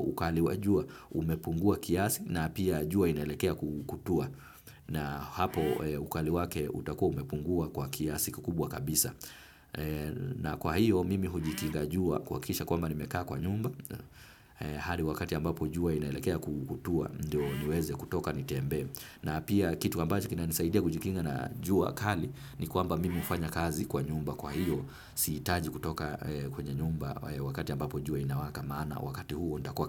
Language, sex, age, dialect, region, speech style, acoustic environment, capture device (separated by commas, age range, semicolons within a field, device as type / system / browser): Swahili, male, 25-34, Kenya - Nairobi Sheng-influenced, Kenya, relaxed, traffic; appliances, desktop / Windows / Firefox